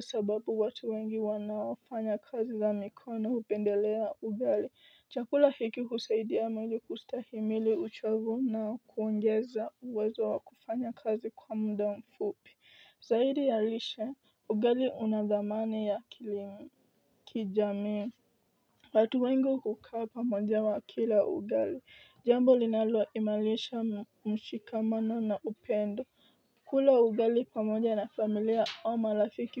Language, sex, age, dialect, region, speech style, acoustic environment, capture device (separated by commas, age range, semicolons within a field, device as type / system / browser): Swahili, female, 18-24, Kenya - Nairobi Sheng-influenced, Kenya, focused, nature, mobile / Linux / Chrome